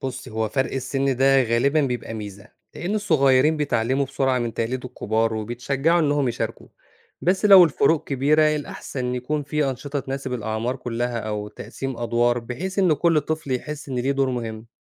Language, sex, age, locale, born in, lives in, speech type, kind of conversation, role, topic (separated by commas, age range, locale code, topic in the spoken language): Arabic, male, 25-29, ar-EG, Egypt, Egypt, read, expert-assistant, expert, لو لاحظنا الشبه بين تعبيرات عربي وتعبيرات في لغات تانية، ممكن ده يساعدنا نبتكر طرق جديدة للتواصل أو للشرح؟